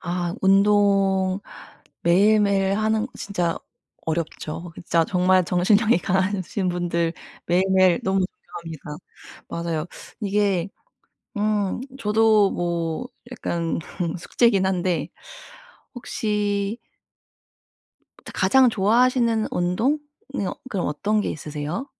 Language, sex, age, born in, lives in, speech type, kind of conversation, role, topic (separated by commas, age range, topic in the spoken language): Korean, female, 30-34, South Korea, United States, conversation, advice, advisor, 짧게라도 매일 꾸준히 하지 못하는 습관을 어떻게 하면 꾸준히 만들 수 있을까요?